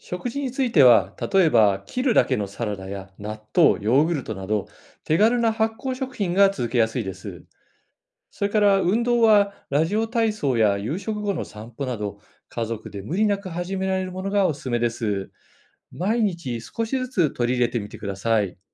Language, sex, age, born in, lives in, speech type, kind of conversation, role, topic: Japanese, male, 55-59, Japan, Japan, read, expert-assistant, expert, 季節ごとに変わる健康リスクに備えて、どんな準備や計画が役立つと思いますか？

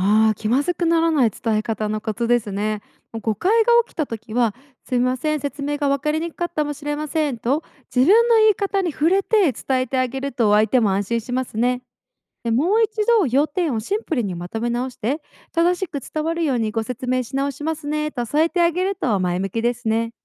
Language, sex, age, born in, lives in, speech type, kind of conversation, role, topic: Japanese, female, 25-29, Japan, United States, read, expert-assistant, expert, 世界中と関わる時代に、誤解やトラブルを防ぐには何が大切でしょうか？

